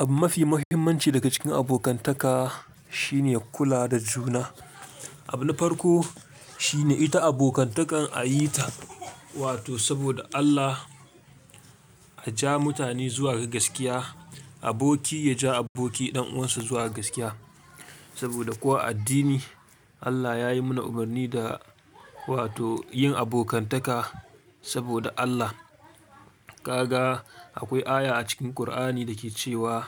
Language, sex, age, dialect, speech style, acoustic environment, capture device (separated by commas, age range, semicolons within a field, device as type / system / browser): Hausa, male, 25-34, Nigeria - Kano, excited, silence, mobile / Linux / Chrome